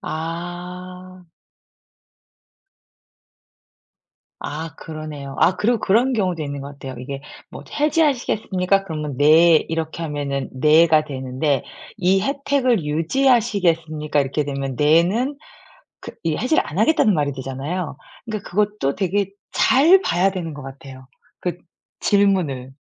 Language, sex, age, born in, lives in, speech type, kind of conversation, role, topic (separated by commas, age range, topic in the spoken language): Korean, female, 45-49, South Korea, France, conversation, podcast, host, 디지털 결제를 사용하다가 불편했던 경험이 있으신가요?